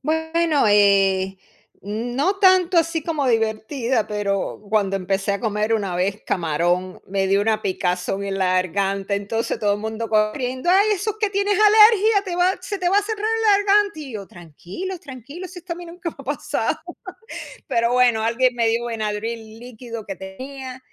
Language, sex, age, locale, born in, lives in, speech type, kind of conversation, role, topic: Spanish, female, 55-59, es-US, Cuba, United States, conversation, unstructured, none, ¿Alguna vez has tenido una experiencia divertida o rara con la comida?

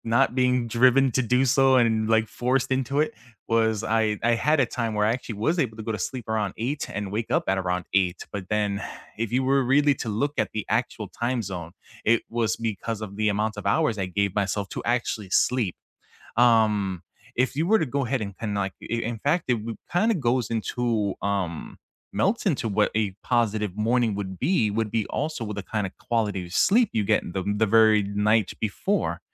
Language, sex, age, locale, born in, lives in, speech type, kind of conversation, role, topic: English, male, 35-39, en-US, United States, United States, conversation, unstructured, none, What morning routines help you set a positive tone for the day?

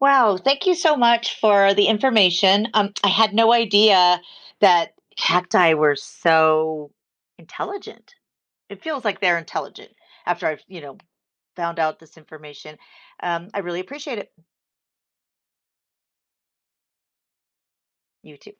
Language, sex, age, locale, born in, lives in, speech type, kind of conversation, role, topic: English, female, 55-59, en-US, United States, United States, read, expert-assistant, asker, How do cacti survive in the desert?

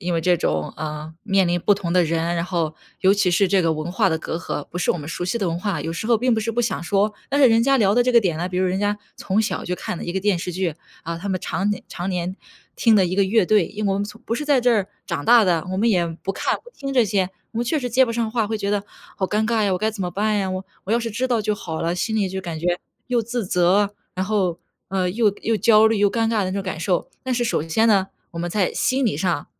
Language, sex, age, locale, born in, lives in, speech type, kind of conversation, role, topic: Chinese, female, 25-29, zh-CN, China, United States, conversation, advice, advisor, 在社交聚会中感到焦虑时，我该如何寻求支持？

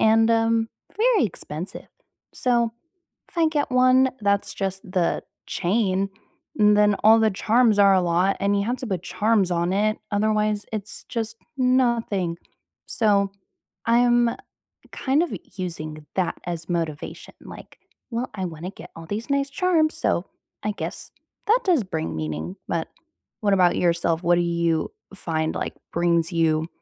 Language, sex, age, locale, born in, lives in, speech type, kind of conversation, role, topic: English, female, 20-24, en-US, United States, United States, conversation, unstructured, none, Could choosing a simpler life with fewer possessions bring more happiness and meaning than pursuing material success?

